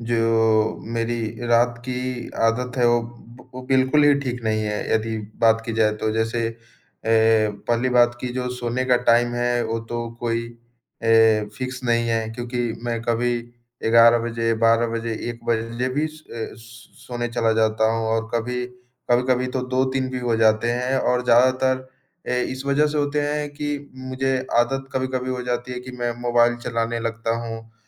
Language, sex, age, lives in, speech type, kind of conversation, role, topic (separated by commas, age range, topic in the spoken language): Hindi, male, 20-24, India, conversation, advice, user, मैं रोज़ समय पर उठकर नियमित दिनचर्या क्यों नहीं बना पाता/पाती हूँ?